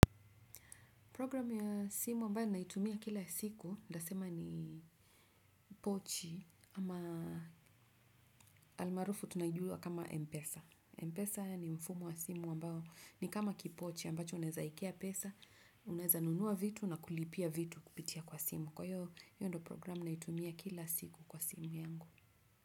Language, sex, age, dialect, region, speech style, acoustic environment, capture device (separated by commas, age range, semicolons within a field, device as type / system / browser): Swahili, female, 35-44, Kenya - Nairobi Sheng-influenced, Kenya, relaxed, nature; silence, desktop / Windows / Chrome